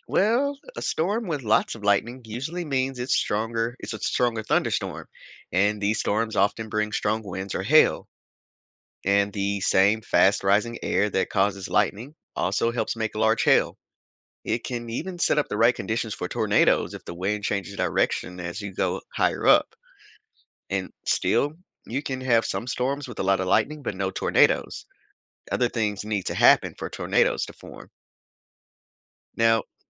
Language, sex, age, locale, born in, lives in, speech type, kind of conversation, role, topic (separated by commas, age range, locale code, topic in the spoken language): English, male, 35-39, en-US, United States, United States, read, expert-assistant, expert, What factors influence lightning during thunderstorms?